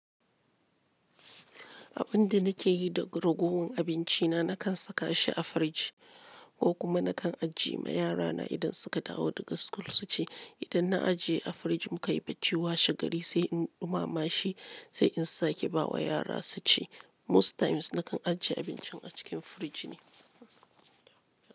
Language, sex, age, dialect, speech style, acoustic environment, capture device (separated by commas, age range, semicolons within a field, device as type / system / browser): Hausa, female, 35-44, Nigeria - Lagos Yoruba, happy, silence, mobile / Linux / Chrome